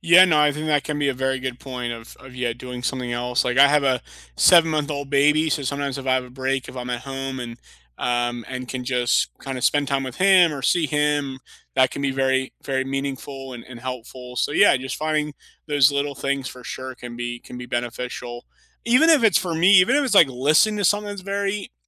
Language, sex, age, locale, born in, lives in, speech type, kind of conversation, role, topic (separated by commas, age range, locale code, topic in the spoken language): English, male, 30-34, en-US, United States, United States, conversation, unstructured, none, What small tech habits have helped you improve your mental clarity and focus?